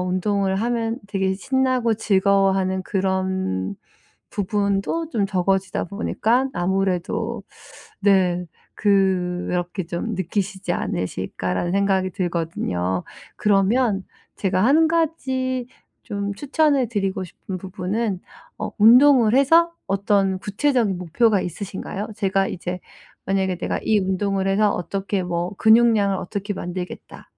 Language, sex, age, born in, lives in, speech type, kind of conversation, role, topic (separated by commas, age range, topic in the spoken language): Korean, female, 40-44, South Korea, United States, conversation, advice, advisor, 동기를 유지하면서 번아웃을 피하려면 어떻게 해야 하나요?